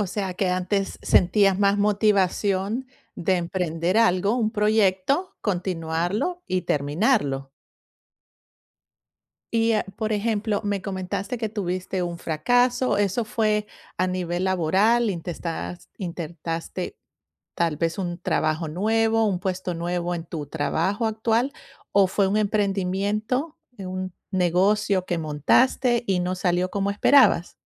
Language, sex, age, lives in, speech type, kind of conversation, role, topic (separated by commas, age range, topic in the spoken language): Spanish, female, 45-49, United States, conversation, advice, advisor, ¿Cómo puedo superar el miedo a fracasar y dejar de evitar intentar cosas nuevas?